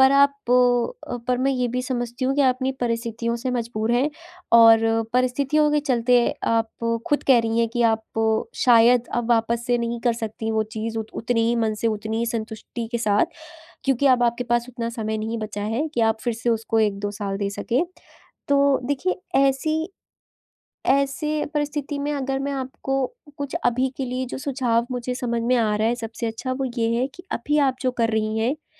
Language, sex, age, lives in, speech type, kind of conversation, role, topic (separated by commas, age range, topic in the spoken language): Hindi, female, 20-24, India, conversation, advice, advisor, ऊर्जा घटने पर भी मैं लंबे समय तक अपनी प्रेरणा कैसे बनाए रख सकता/सकती हूँ?